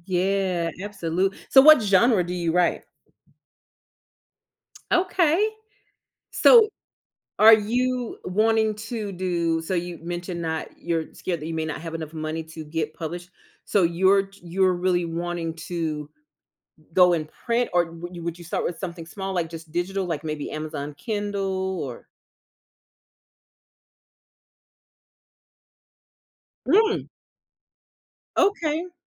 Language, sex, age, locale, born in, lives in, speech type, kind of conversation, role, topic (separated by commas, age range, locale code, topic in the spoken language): English, female, 35-39, en-US, United States, United States, conversation, podcast, host, Why do some ambitions make us feel both nervous and inspired?